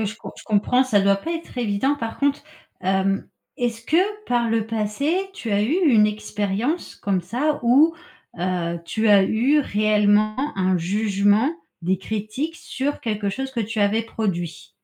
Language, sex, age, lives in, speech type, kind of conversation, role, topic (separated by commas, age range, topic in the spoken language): French, female, 40-44, France, conversation, advice, advisor, Avez-vous peur que votre travail soit jugé ou rejeté ?